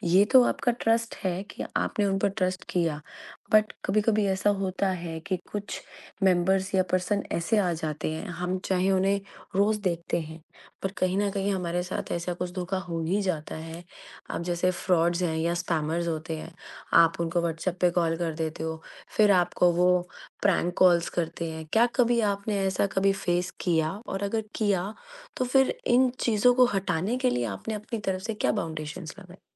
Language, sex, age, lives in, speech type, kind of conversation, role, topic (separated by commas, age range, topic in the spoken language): Hindi, female, 35-39, India, conversation, podcast, host, पड़ोस में भरोसा कैसे बनाया जा सकता है?